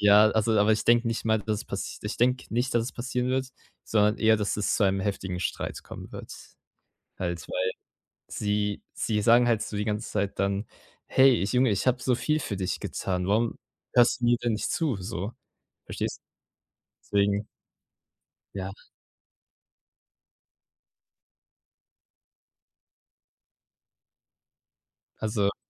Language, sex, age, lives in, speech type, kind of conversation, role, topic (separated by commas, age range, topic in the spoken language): German, male, 18-19, Germany, conversation, advice, user, Wie kann ich meine Freizeit genießen, ohne mich unter Leistungsdruck zu setzen?